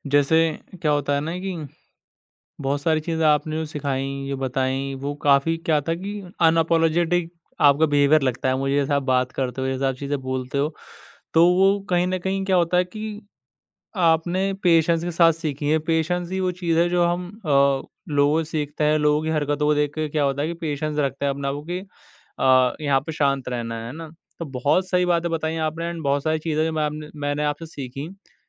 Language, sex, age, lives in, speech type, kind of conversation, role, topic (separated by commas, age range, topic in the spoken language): Hindi, male, 20-24, India, conversation, podcast, host, Kya nature humein sabr sikhata hai, aur kaise?